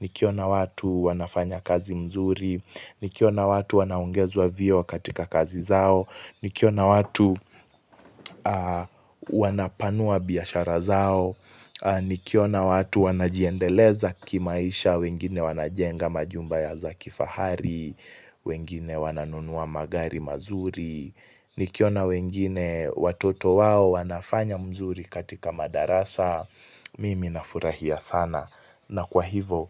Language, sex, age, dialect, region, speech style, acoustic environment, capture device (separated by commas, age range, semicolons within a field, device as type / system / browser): Swahili, male, 18-24, Kenya - Mombasa, Kenya, focused, silence, mobile / Linux / Chrome